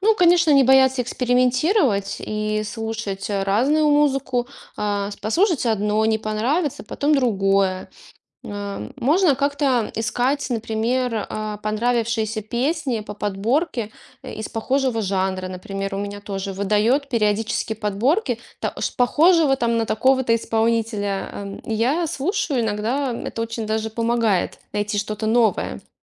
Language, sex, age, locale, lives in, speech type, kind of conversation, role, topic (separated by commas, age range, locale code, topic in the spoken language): Russian, female, 35-39, ru-RU, Estonia, conversation, podcast, guest, Как вы балансируете разные музыкальные вкусы в одном плейлисте?